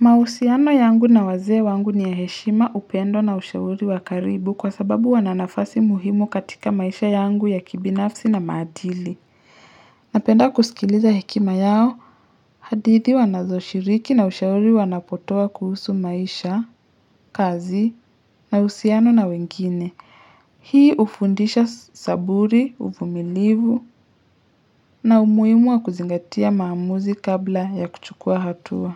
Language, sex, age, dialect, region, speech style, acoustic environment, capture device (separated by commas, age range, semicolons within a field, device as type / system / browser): Swahili, male, 25-34, Kenya - Nairobi Sheng-influenced, Kenya, relaxed, silence, mobile / Linux / Chrome